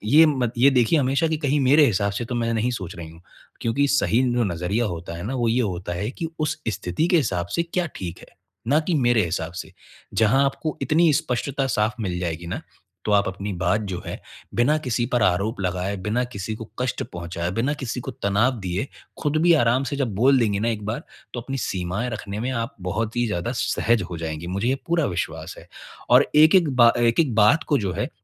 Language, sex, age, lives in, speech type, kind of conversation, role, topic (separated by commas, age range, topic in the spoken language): Hindi, male, 25-29, India, conversation, advice, advisor, मैं अपनी सीमाएँ और अपेक्षाएँ अपने साथी को स्पष्ट रूप से कैसे बता सकता/सकती हूँ?